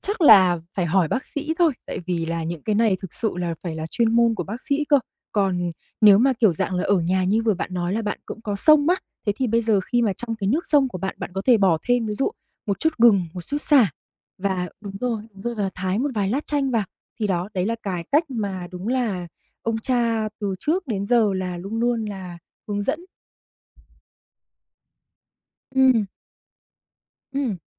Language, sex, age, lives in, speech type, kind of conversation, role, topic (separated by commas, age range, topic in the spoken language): Vietnamese, female, 25-29, Vietnam, conversation, advice, advisor, Bạn có thường lo lắng quá mức về sức khỏe khi chỉ gặp những triệu chứng nhỏ không?